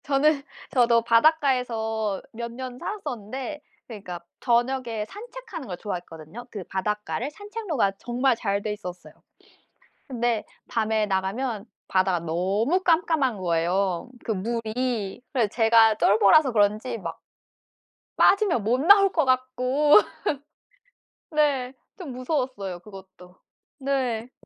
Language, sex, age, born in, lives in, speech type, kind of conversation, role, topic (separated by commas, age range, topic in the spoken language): Korean, female, 25-29, South Korea, France, conversation, unstructured, none, 숲속에서 가장 특별하다고 느꼈던 순간은 언제였나요?